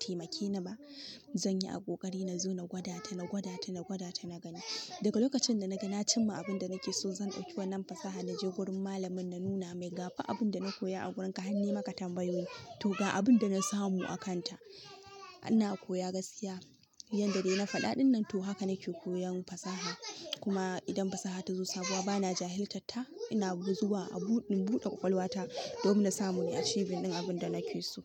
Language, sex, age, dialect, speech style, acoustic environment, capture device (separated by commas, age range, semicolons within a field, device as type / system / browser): Hausa, female, 18-24, Nigeria - Kano, happy, nature, mobile / Linux / Chrome